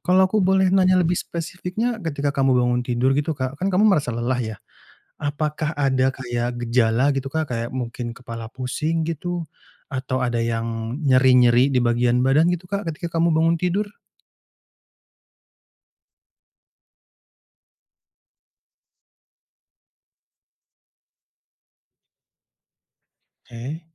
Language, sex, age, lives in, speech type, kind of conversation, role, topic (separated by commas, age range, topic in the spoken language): Indonesian, male, 25-29, Indonesia, conversation, advice, advisor, Mengapa saya bangun pagi tetapi masih merasa sangat lelah?